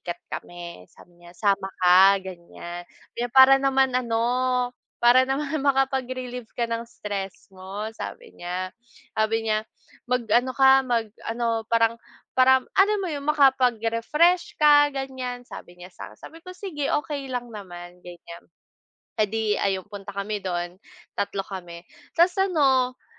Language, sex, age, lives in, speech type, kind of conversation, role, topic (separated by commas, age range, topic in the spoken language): Filipino, female, 25-29, Philippines, conversation, unstructured, none, Ano ang pinakanatatandaan mong konsiyertong napuntahan mo?